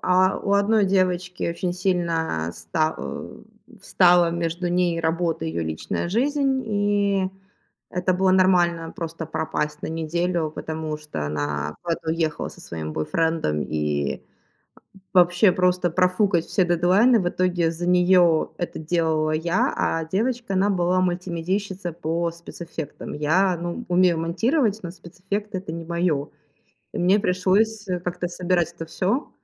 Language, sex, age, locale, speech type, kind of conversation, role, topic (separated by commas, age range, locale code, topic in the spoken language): Russian, female, 25-29, ru-RU, conversation, podcast, guest, Можно ли одновременно быть наставником и другом?